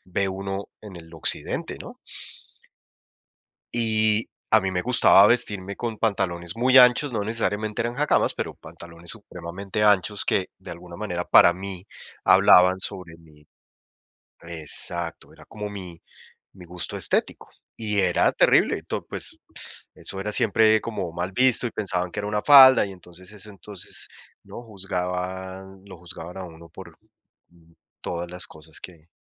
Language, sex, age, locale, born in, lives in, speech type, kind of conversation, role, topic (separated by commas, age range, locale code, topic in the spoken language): Spanish, male, 45-49, es-CO, Colombia, United States, conversation, unstructured, none, ¿Crees que la sociedad limita la forma en que expresamos nuestra identidad?